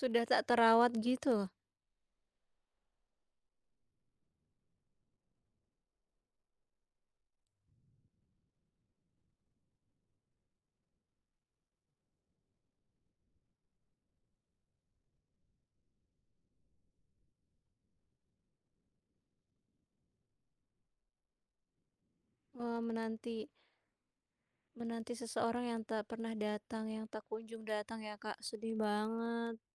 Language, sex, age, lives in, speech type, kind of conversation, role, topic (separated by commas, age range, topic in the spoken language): Indonesian, female, 25-29, Indonesia, conversation, podcast, host, Film apa yang pernah membuat kamu menangis atau terbawa perasaan?